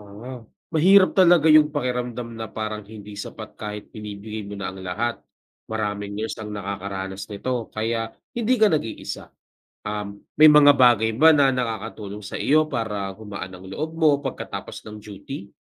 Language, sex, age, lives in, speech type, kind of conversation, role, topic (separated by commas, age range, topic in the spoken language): Filipino, male, 25-29, Philippines, read, expert-assistant, expert, Paano ko malalaman kung sapat ang pag-aalaga ko sa pasyente, lalo na kung minsan ay nagdududa ako sa sarili kong paraan?